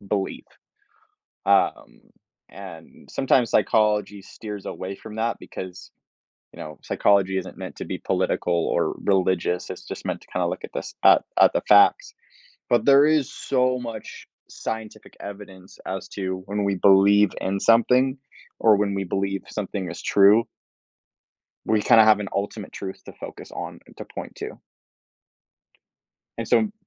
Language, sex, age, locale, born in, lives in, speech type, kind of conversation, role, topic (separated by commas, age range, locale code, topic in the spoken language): English, male, 20-24, en-US, United States, United States, conversation, podcast, guest, How do small everyday moments contribute to your overall happiness?